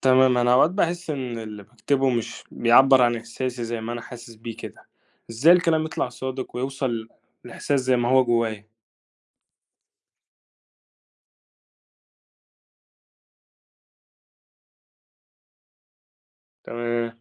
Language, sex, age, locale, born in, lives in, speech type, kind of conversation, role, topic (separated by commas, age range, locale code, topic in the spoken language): Arabic, male, 45-49, ar-EG, Egypt, Egypt, read, expert-assistant, asker, إزاي أقدر أطور مهاراتي في كتابة الشعر؟ إيه أهم التكنيكات اللي ممكن أبتدي بيها؟